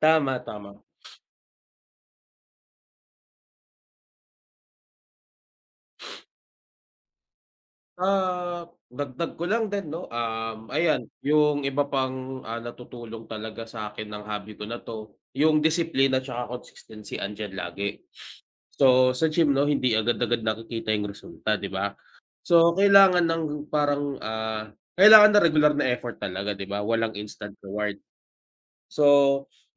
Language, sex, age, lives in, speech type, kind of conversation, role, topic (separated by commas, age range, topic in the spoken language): Filipino, male, 25-29, Philippines, conversation, unstructured, none, Anu-ano ang mga benepisyong naidudulot sa iyo ng iyong libangan?